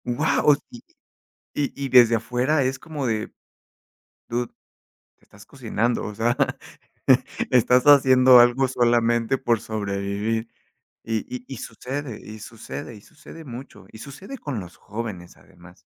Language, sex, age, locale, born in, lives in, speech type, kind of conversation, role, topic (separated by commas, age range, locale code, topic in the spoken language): Spanish, male, 30-34, es-MX, Mexico, Mexico, conversation, unstructured, none, ¿Crees que deberíamos aprender más habilidades prácticas en la escuela?